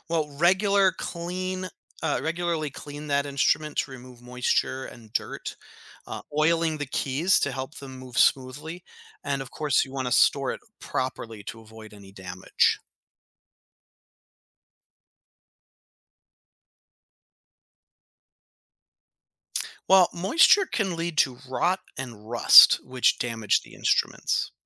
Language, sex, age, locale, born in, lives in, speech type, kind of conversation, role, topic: English, male, 35-39, en-US, United States, United States, read, expert-assistant, expert, How does a wind instrument produce sound?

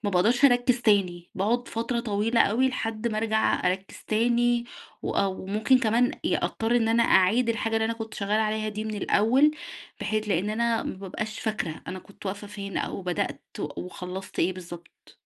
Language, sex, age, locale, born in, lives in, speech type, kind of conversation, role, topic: Arabic, female, 30-34, ar-EG, Egypt, Egypt, conversation, advice, user, إزاي أتجنب التشتت وأحافظ على تركيزي في جلسات الشغل اللي محتاجة تركيز؟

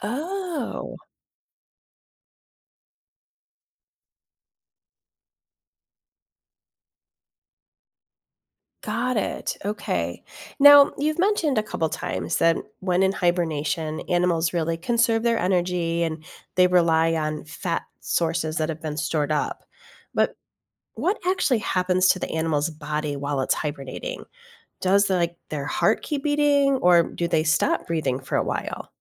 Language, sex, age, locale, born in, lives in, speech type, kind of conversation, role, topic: English, female, 45-49, en-US, United States, United States, read, expert-assistant, asker, Why do some animals hibernate in winter?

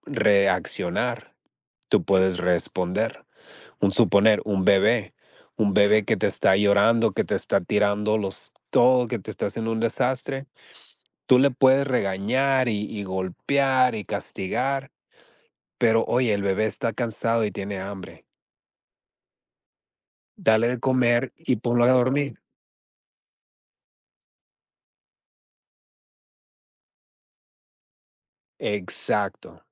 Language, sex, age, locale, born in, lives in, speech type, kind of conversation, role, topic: Spanish, male, 40-44, es-MX, United States, United States, conversation, unstructured, none, ¿Cómo influye la empatía en la resolución de un conflicto?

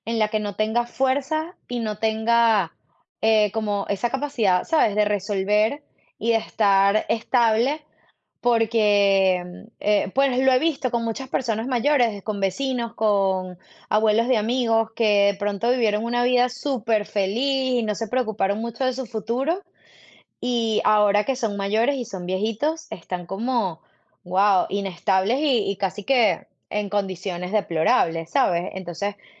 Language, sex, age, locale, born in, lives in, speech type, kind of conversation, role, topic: Spanish, female, 35-39, es-VE, Venezuela, United States, conversation, unstructured, none, ¿Qué te da miedo de no alcanzar tus metas?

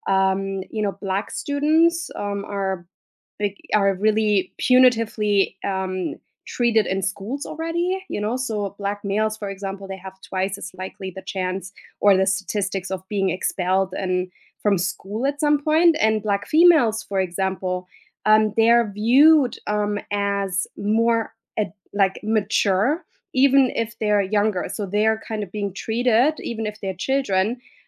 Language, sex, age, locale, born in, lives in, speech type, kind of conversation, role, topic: English, female, 35-39, en-DE, Germany, United States, conversation, unstructured, none, How do you feel about the treatment of female athletes compared to male athletes?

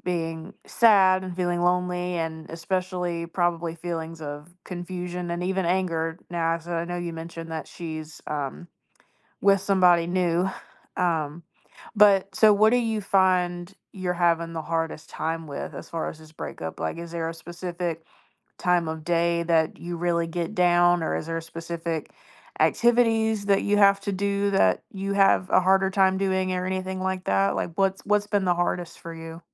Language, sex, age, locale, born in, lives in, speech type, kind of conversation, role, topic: English, female, 20-24, en-US, United States, United States, conversation, advice, advisor, How can I regain a sense of direction and purpose after a breakup?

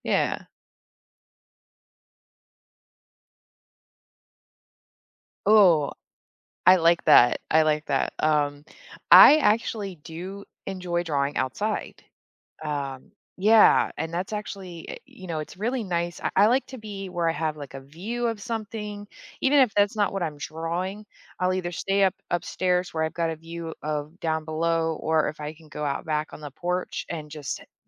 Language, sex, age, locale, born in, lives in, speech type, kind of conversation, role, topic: English, female, 35-39, en-US, Germany, United States, conversation, podcast, guest, What role do hobbies play in shaping who we are and what we enjoy?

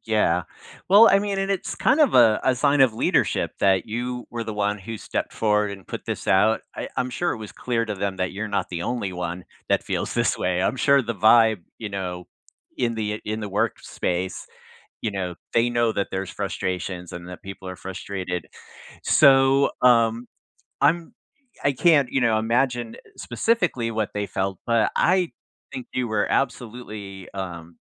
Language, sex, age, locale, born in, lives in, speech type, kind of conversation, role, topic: English, male, 60-64, en-US, United States, United States, conversation, advice, advisor, How do I recover gracefully after saying something awkward in a meeting?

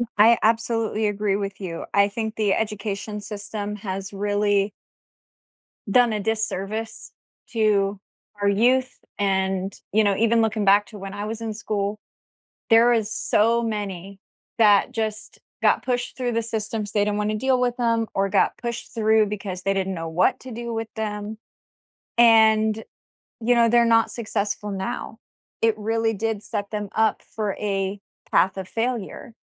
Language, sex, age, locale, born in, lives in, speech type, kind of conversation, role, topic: English, female, 30-34, en-US, United States, United States, conversation, unstructured, none, What class do you wish schools offered?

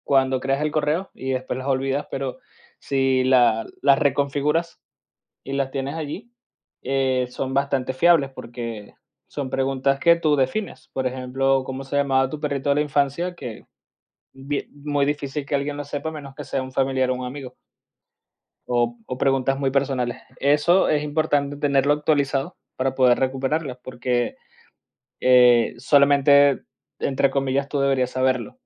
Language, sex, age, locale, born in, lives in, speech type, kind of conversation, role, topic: Spanish, male, 30-34, es-VE, Venezuela, Spain, conversation, podcast, guest, ¿Cómo te proteges de las estafas y los fraudes en línea?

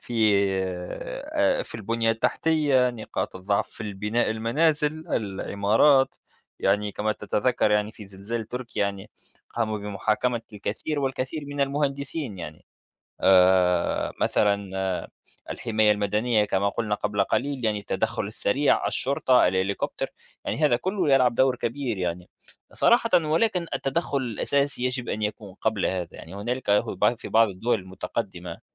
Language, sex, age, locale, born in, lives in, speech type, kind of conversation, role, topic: Arabic, male, 30-34, ar-TN, Tunisia, United States, conversation, unstructured, none, إزاي الكوارث الطبيعية ممكن تتسبب في انهيار المجتمعات؟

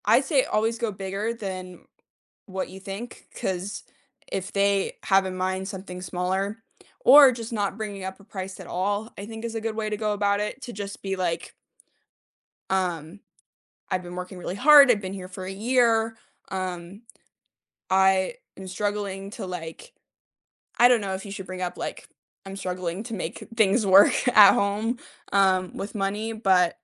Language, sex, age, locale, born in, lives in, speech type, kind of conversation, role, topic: English, female, 25-29, en-US, United States, United States, conversation, unstructured, none, How do you build a strong case for a raise?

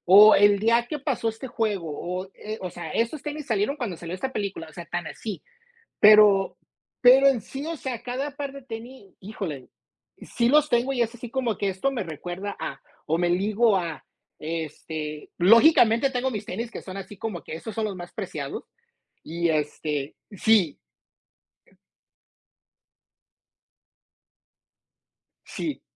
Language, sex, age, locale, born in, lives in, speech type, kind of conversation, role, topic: Spanish, male, 45-49, es-MX, United States, United States, conversation, unstructured, none, ¿Tienes algún recuerdo que siempre te haga sonreír?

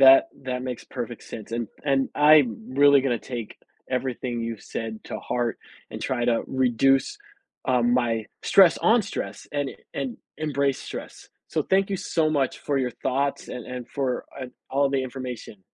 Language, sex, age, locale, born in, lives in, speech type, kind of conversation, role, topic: English, male, 40-44, en-US, United States, United States, conversation, advice, user, How can I manage stress while balancing work and family?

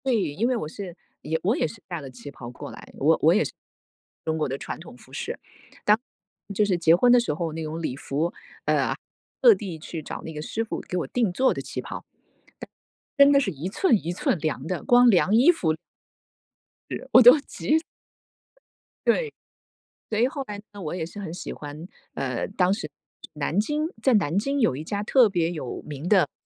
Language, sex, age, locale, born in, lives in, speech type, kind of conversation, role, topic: Chinese, female, 45-49, zh-TW, China, United States, conversation, unstructured, none, 其他文化的服饰有哪些地方让你感到惊讶？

